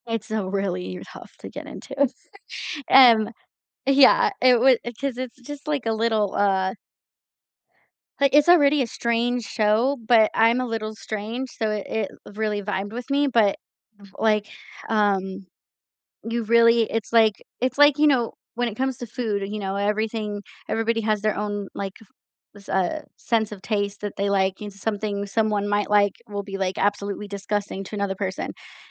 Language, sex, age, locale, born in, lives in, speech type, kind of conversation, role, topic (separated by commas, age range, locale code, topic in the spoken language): English, female, 30-34, en-US, United States, United States, conversation, unstructured, none, What do you look for when choosing something to watch, and how do your viewing choices help you connect with others?